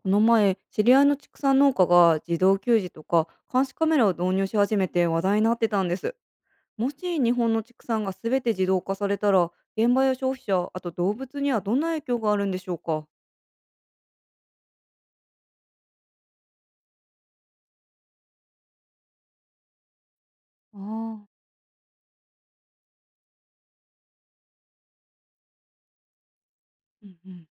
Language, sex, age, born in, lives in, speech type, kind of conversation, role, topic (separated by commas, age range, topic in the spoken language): Japanese, female, 30-34, Japan, United States, read, expert-assistant, asker, もし日本国内で全ての畜産が完全に自動化されたら、農家や消費者、動物たちにどのような変化が起きると思いますか？